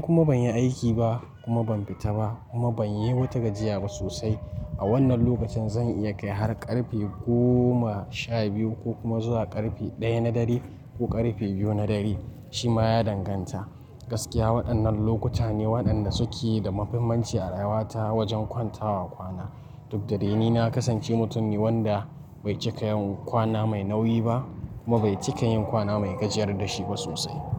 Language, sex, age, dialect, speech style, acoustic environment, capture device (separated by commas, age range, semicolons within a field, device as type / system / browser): Hausa, male, 18-24, Nigeria - Nigerian Standard, relaxed; focused, silence; nature; traffic, mobile / Linux / Chrome